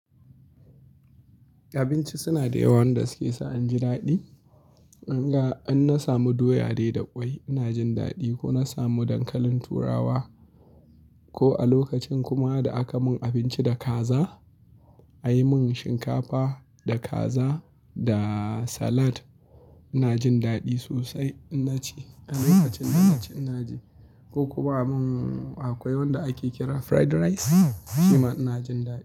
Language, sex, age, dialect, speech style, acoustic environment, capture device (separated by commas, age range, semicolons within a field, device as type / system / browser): Hausa, male, 25-34, Nigeria - Kano, happy; anxious; relaxed; tired; stressed; focused; excited; nervous, people talking; music; nature; silence; traffic; appliances; construction, mobile / Linux / Chrome